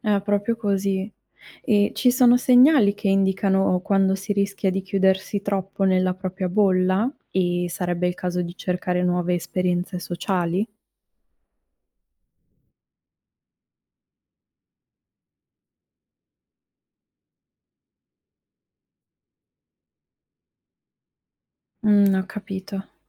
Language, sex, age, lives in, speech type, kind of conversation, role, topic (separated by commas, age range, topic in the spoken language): Italian, female, 25-29, Italy, read, expert-assistant, asker, È vero che le grandi città favoriscono l’anonimato tra le persone?